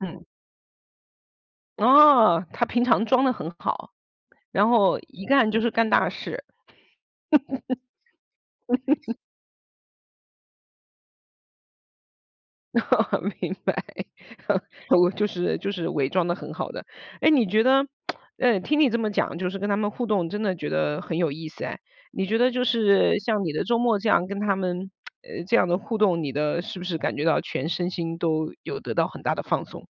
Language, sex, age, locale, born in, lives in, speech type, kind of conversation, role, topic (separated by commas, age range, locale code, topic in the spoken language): Chinese, female, 40-44, zh-CN, China, United States, conversation, podcast, host, 你周末最喜欢用什么方式放松自己？